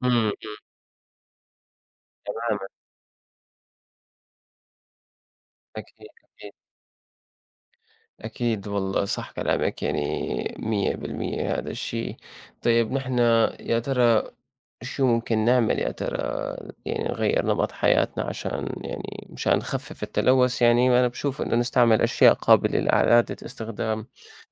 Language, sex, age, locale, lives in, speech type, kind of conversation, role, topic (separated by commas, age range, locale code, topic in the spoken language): Arabic, male, 25-29, ar-SY, United States, conversation, unstructured, none, إيه تأثير التلوث على البيئة من وجهة نظرك؟